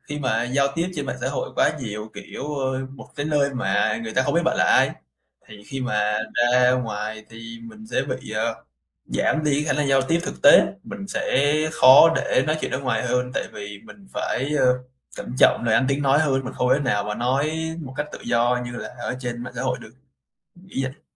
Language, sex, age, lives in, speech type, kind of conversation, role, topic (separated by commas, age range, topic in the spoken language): Vietnamese, male, 20-24, Vietnam, conversation, podcast, guest, Bạn sử dụng mạng xã hội như thế nào trong sinh hoạt hằng ngày?